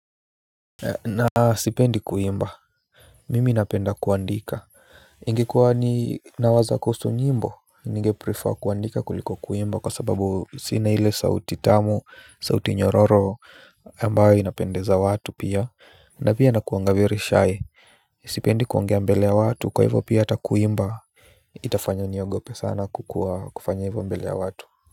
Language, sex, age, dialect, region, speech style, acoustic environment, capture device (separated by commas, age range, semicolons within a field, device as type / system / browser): Swahili, male, 25-34, Kenya - Nairobi Sheng-influenced, Kenya, relaxed, silence, mobile / Linux / Chrome